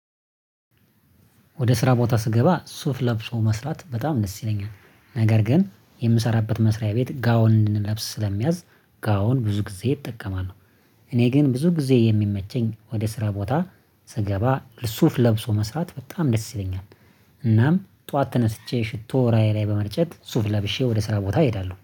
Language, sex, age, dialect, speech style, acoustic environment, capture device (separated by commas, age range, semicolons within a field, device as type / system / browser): Amharic, male, 25-34, Ethiopia - Addis Ababa, happy; relaxed, silence; nature, mobile / Linux / Chrome